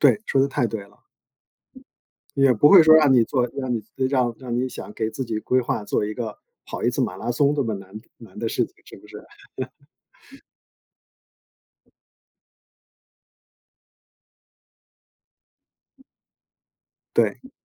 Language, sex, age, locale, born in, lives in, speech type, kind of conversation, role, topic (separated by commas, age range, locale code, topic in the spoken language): Chinese, male, 50-54, zh-CN, China, United States, conversation, advice, advisor, 你的周末生活为什么会变得没有规律、越来越混乱？